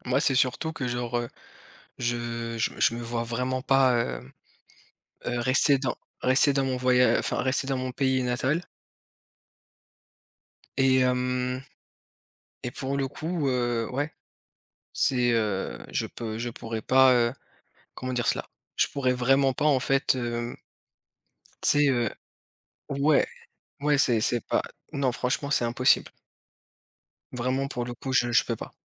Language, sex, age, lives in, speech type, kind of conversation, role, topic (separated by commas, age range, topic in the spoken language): French, male, 30-34, France, conversation, unstructured, none, Préféreriez-vous ne plus jamais voyager à l’étranger ou ne plus jamais aller au restaurant ?